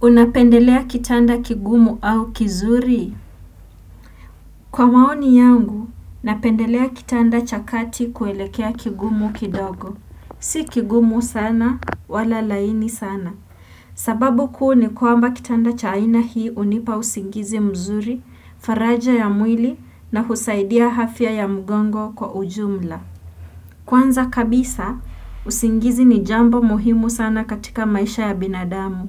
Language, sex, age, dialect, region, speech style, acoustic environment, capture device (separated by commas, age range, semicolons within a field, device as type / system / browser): Swahili, female, 18-24, Kenya - Nairobi Sheng-influenced, Kenya, anxious; focused; relaxed, nature, desktop / Windows / Chrome